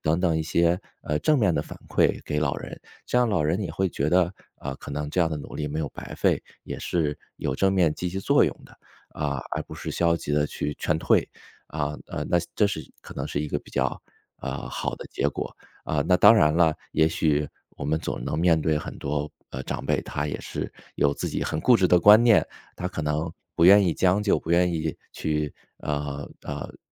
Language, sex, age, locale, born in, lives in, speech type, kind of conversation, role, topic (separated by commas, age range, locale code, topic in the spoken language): Chinese, male, 35-39, zh-CN, China, United States, conversation, advice, advisor, 在家庭聚会中，老问题再次引发争执时我该怎么办？